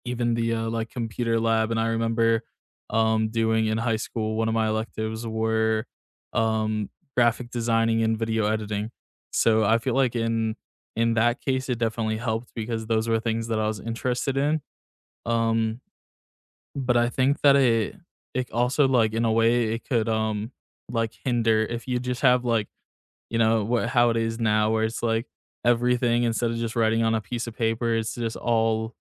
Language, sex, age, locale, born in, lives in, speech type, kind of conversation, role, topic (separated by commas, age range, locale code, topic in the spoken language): English, male, 20-24, en-US, United States, United States, conversation, unstructured, none, How has classroom technology helped or hindered your learning, and what moments shaped your view?